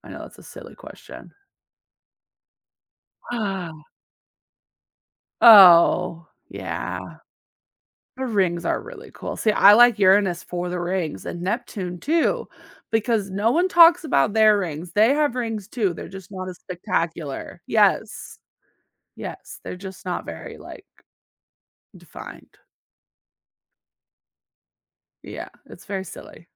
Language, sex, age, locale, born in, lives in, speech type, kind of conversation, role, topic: English, female, 25-29, en-US, United States, United States, conversation, podcast, host, What achievement in your career has had the biggest impact on you?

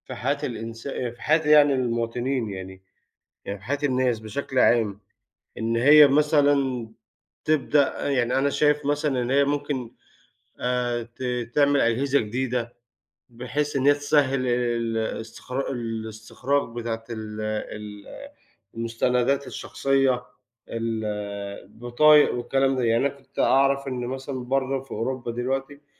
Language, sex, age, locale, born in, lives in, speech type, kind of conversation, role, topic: Arabic, male, 35-39, ar-EG, Egypt, Egypt, conversation, unstructured, none, إيه رأيك في دور الحكومة في حياة الناس اليومية؟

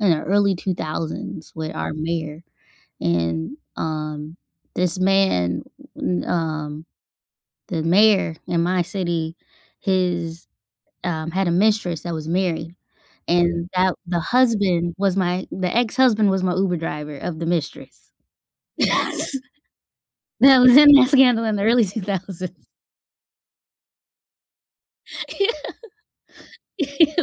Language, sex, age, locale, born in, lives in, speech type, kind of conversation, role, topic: English, female, 30-34, en-US, United States, United States, conversation, unstructured, none, How do you usually cheer yourself up on a bad day?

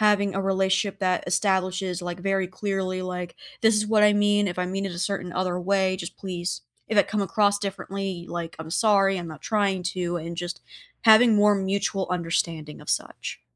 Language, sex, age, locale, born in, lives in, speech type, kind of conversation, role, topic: English, female, 20-24, en-US, United States, United States, conversation, unstructured, none, How do you handle disagreements so that you both feel heard and stay connected?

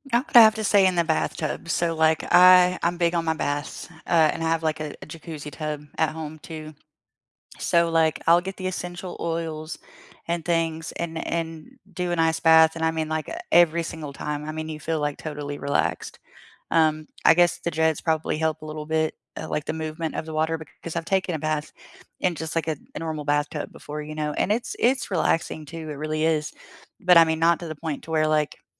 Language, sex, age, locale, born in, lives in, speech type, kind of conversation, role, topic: English, female, 30-34, en-US, United States, United States, conversation, unstructured, none, Where was the last place you felt truly relaxed, and why?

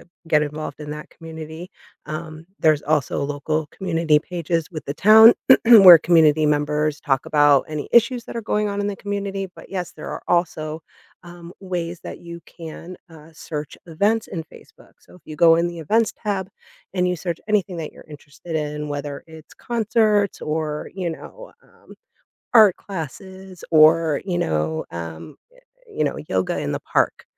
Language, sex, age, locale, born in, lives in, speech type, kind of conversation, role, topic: English, female, 40-44, en-US, United States, United States, conversation, advice, advisor, How do I settle in and build meaningful connections after moving to a new city?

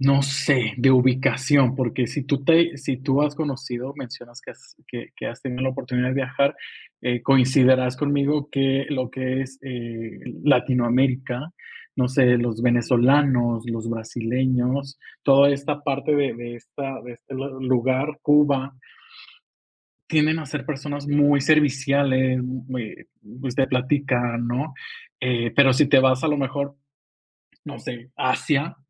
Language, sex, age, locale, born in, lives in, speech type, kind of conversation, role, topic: Spanish, male, 25-29, es-MX, Mexico, Mexico, conversation, podcast, guest, ¿Cómo sueles empezar una charla con gente nueva?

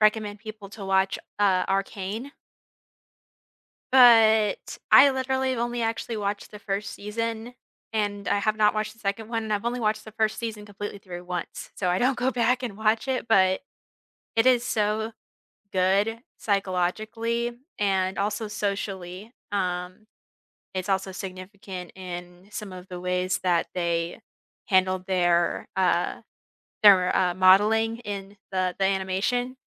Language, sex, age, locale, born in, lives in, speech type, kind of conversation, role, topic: English, female, 20-24, en-US, United States, United States, conversation, unstructured, none, What TV show do you always recommend to friends?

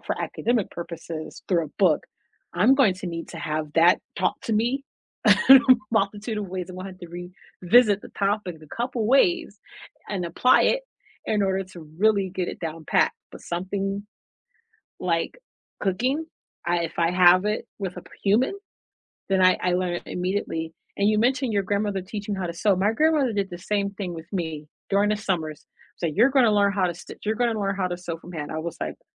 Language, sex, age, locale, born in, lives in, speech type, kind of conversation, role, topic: English, female, 35-39, en-US, United States, United States, conversation, unstructured, none, What learning format helps you learn best—videos, books, or hands-on projects?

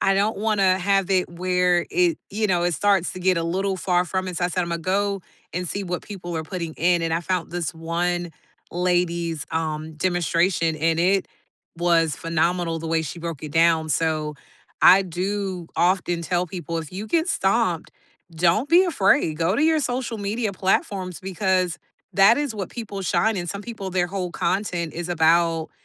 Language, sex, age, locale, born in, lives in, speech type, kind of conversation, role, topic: English, female, 40-44, en-US, United States, United States, conversation, unstructured, none, What food do you associate with happy memories?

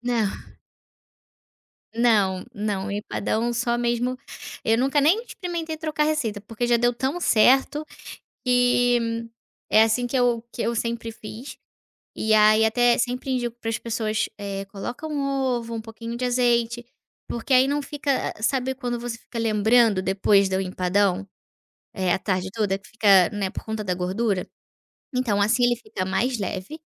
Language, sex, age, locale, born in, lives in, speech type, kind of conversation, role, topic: Portuguese, female, 25-29, pt-BR, Brazil, Portugal, conversation, podcast, guest, Qual prato faz você lembrar imediatamente da sua avó?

